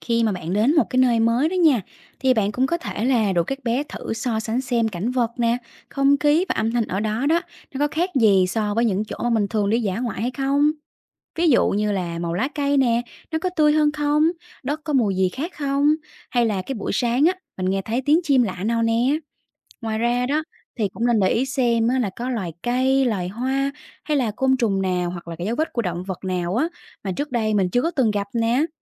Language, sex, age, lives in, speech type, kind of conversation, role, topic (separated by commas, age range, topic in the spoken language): Vietnamese, female, 30-34, Vietnam, read, expert-assistant, expert, Làm thế nào để rèn luyện và hướng dẫn kỹ năng quan sát sinh thái cho trẻ em?